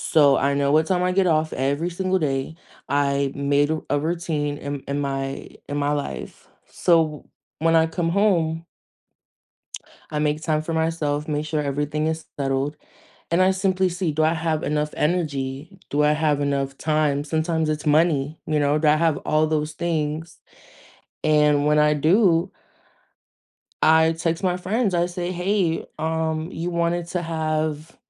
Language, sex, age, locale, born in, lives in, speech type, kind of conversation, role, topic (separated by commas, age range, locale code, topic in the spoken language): English, female, 20-24, en-US, United States, United States, conversation, unstructured, none, How do you make time for what—and who—matters most in everyday life?